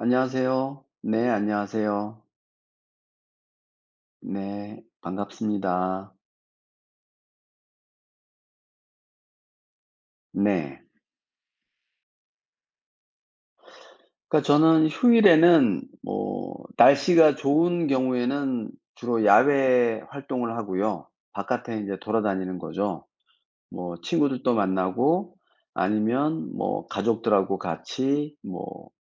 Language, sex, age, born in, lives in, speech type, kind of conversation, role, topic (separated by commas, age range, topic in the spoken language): Korean, male, 55-59, South Korea, France, conversation, unstructured, none, 휴일에는 보통 무엇을 하며 시간을 보내시나요?